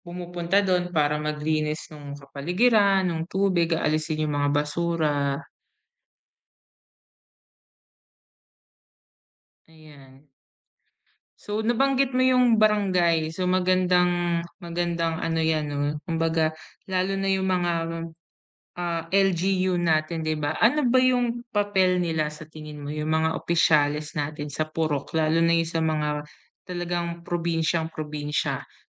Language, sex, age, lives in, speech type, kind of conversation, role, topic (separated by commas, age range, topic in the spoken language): Filipino, female, 30-34, Philippines, conversation, podcast, host, Paano natin mapapangalagaan ang mga ilog at sapa sa ating barangay?